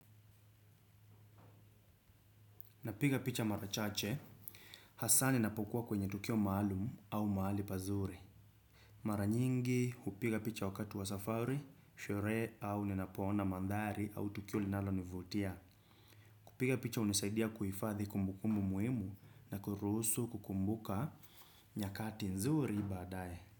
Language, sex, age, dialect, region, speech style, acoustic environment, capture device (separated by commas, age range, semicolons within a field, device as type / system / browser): Swahili, male, 25-34, Kenya - Nairobi Sheng-influenced, Kenya, relaxed, silence, desktop / Windows / Chrome